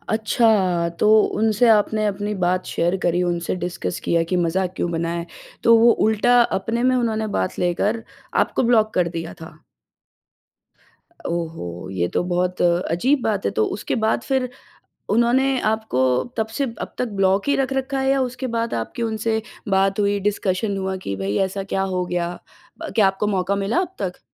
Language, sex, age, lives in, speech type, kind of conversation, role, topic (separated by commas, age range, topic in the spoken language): Hindi, female, 30-34, India, conversation, podcast, host, Agar koi tumhe block kar de, toh tum kya karte ho?